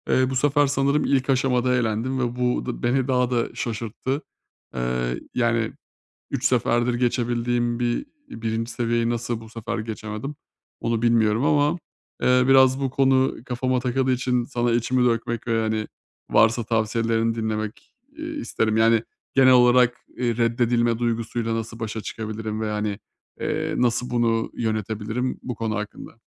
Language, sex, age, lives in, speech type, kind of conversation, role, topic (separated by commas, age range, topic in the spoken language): Turkish, male, 30-34, Bulgaria, conversation, advice, user, Reddedilme ve kabul edilmemeyle nasıl başa çıkabilirim?